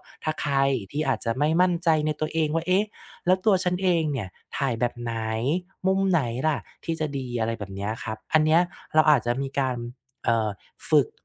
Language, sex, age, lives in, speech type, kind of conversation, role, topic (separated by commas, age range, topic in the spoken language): Thai, male, 35-39, Thailand, conversation, podcast, guest, คุณเริ่มฝึกถ่ายภาพด้วยมือถือให้ดูเป็นมืออาชีพได้อย่างไร?